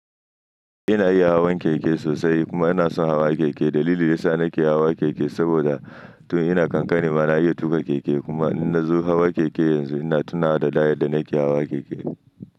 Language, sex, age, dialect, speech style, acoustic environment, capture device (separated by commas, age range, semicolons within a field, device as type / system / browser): Hausa, male, 25-34, Nigeria - Nigerian Standard, happy, silence; nature, mobile / Linux / Chrome